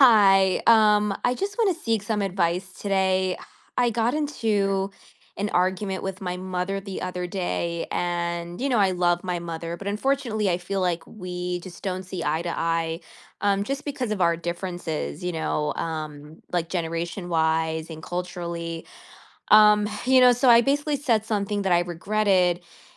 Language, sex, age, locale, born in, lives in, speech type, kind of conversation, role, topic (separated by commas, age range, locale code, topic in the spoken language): English, female, 25-29, en-US, United States, United States, conversation, advice, user, How do I apologize and rebuild trust after saying something I regret in an argument?